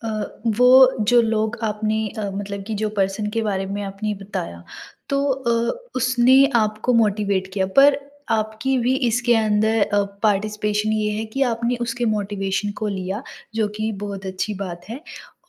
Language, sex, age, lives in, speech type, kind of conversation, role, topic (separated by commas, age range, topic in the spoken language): Hindi, female, 20-24, India, conversation, podcast, host, Kabhi kisi random bande se baat karke kya tumhare liye koi naya raasta khul gaya hai?